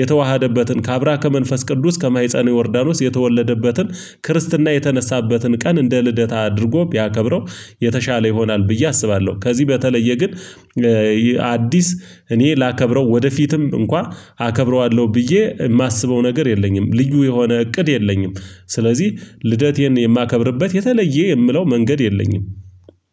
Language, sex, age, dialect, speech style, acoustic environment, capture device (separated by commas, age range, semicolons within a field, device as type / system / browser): Amharic, male, 25-34, Ethiopia - Gojjam, relaxed, silence, mobile / Linux / Chrome